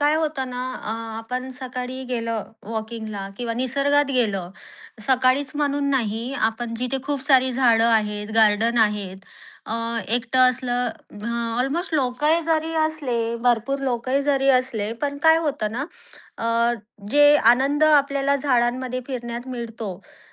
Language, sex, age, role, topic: Marathi, female, 30-34, guest, सकाळी निसर्गात चालताना तुमचं मन कसं बदलतं असं तुम्हाला वाटतं?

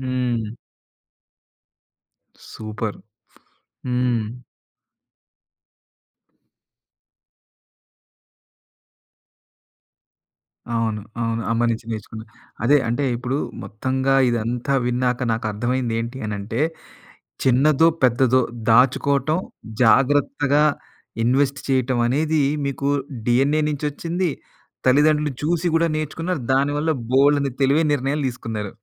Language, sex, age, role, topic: Telugu, male, 40-44, host, చిన్న ఖర్చులను తగ్గిస్తూ పెద్ద లక్ష్యాల కోసం డబ్బును పొదుపుగా పెట్టుకోగలరా?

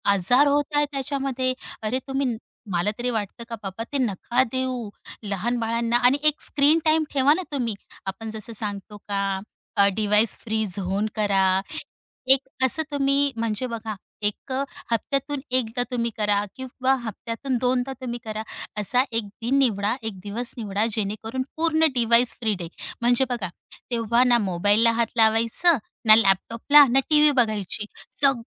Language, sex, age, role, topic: Marathi, female, 35-39, guest, बाळांना स्क्रीन टाइम देण्याबद्दल तुमचे विचार काय आहेत?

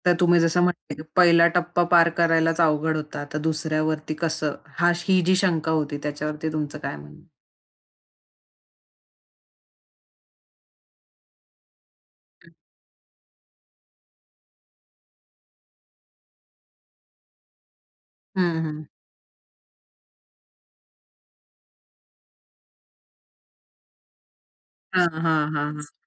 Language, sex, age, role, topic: Marathi, female, 25-29, host, कोणत्या छोट्याशा यशामुळे तुमचा आत्मविश्वास वाढला होता?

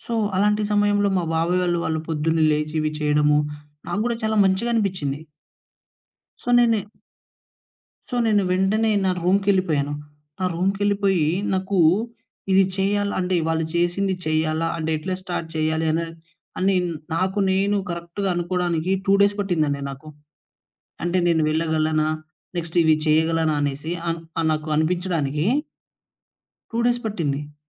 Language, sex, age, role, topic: Telugu, male, 20-24, guest, ఫోకస్ తగ్గినపుడు నువ్వు ఎలా రీకవర్ అవుతావు?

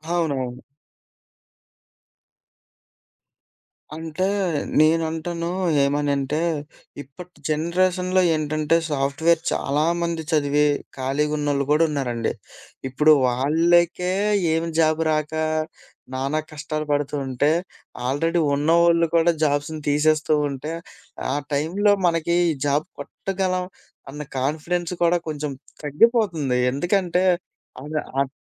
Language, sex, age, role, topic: Telugu, male, 25-29, guest, జీతం, సంతోషం—విజయం మీకు ఏది సూచిస్తుంది?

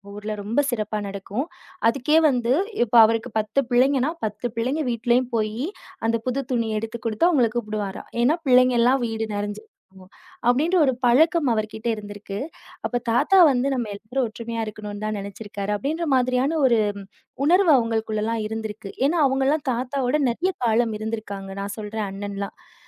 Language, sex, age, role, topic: Tamil, female, 30-34, guest, உறவுகளை இணைக்கும் குடும்பப் பழக்கம் உங்களிடம் உள்ளதா?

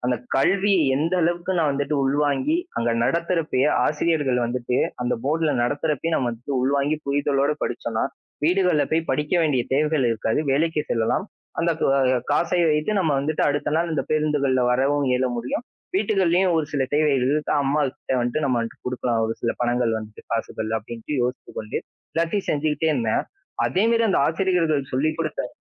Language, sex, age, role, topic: Tamil, male, 20-24, guest, நம்பிக்கை ஒருவருக்கெல்லாம் எத்தளவு முக்கியம் என்பதை எப்படி விளக்குவீர்கள்?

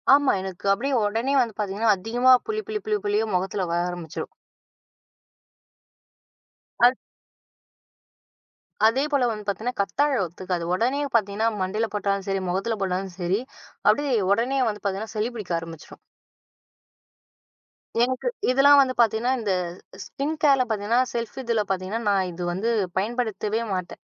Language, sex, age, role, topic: Tamil, female, 20-24, guest, நீங்கள் உங்களைப் பராமரிக்கும் நாளை எப்படிக் கழிப்பீர்கள்?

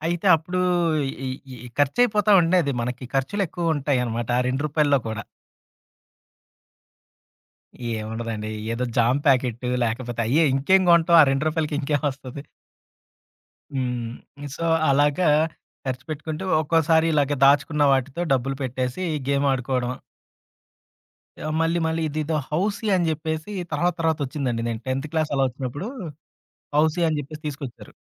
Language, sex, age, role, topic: Telugu, male, 30-34, guest, మీ చిన్నప్పటి ఆటలలో మీకు ఏ ఆట ప్రత్యేకంగా గుర్తుంటుంది?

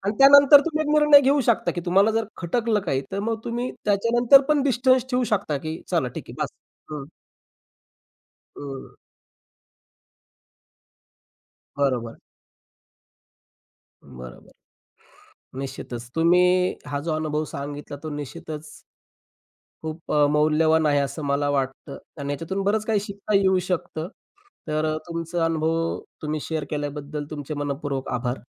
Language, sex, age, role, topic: Marathi, male, 35-39, host, कधी एखादी अनोळखी व्यक्ती अचानक तुमची प्रवासातील सोबती बनली आहे का?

